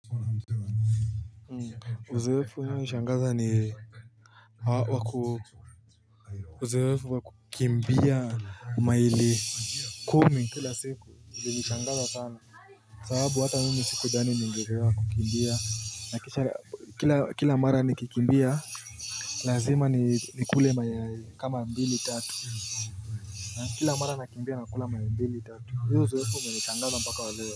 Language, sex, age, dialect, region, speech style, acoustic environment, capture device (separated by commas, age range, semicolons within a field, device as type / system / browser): Swahili, male, 18-24, Kenya - Nairobi Sheng-influenced, Kenya, happy; focused; tired, nature; people talking; music; construction, mobile / Linux / Chrome